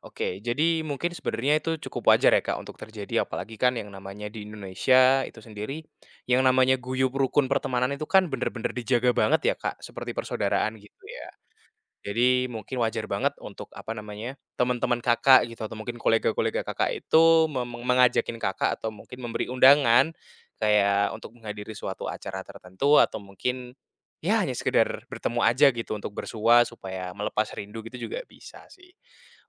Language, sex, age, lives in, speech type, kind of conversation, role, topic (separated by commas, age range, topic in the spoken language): Indonesian, male, 20-24, Indonesia, conversation, advice, advisor, Bagaimana cara menolak undangan pesta tanpa menyakiti perasaan teman?